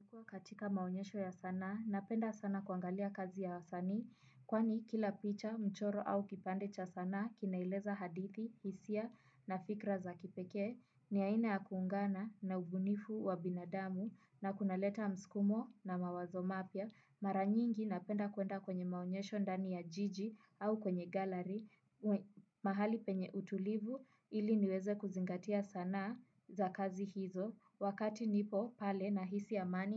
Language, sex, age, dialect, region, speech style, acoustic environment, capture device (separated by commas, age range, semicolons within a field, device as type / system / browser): Swahili, female, 18-24, Kenya - Nairobi Sheng-influenced, Kenya, relaxed, silence, desktop / Windows / Chrome